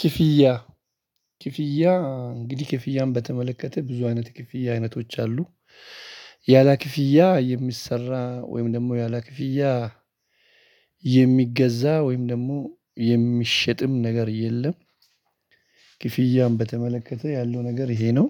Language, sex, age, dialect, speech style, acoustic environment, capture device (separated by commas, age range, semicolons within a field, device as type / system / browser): Amharic, male, 35-44, Ethiopia - Addis Ababa, excited, people talking; music; appliances, mobile / Linux / Chrome